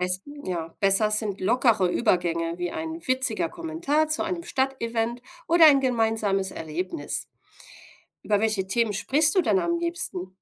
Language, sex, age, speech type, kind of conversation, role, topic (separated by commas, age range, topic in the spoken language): German, female, 40-44, read, expert-assistant, expert, Wie findet man im Alltag und Beruf auf natürliche Weise echte Freunde?